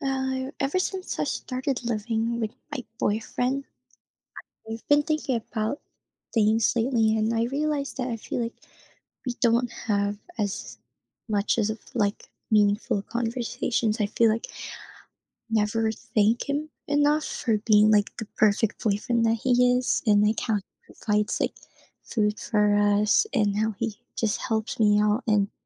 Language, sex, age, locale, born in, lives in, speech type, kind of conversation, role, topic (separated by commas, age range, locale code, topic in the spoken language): English, female, 20-24, en-US, Philippines, United States, conversation, advice, user, How can I have more meaningful conversations and connect more deeply with friends and family?